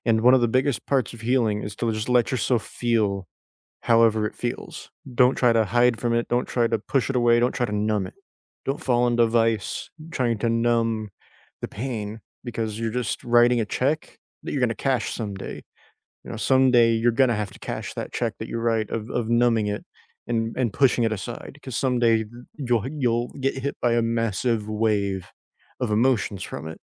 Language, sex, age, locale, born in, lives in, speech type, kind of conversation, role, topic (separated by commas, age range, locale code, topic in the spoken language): English, male, 20-24, en-US, United States, United States, conversation, unstructured, none, In what ways has loss reshaped your daily priorities, routines, and the moments you value most?